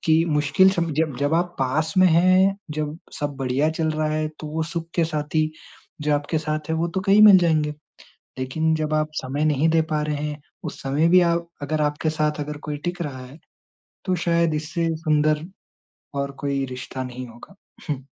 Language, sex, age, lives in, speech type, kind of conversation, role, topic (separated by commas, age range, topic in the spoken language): Hindi, male, 20-24, India, conversation, unstructured, none, दोस्ती में आपके लिए सबसे ज़रूरी बात क्या है?